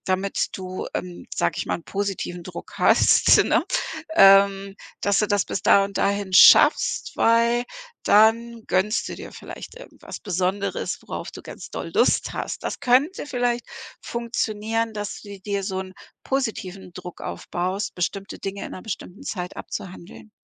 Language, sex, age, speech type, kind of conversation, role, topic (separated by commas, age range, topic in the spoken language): German, female, 55-59, conversation, advice, advisor, Warum prokrastiniere ich immer wieder bei wichtigen Aufgaben?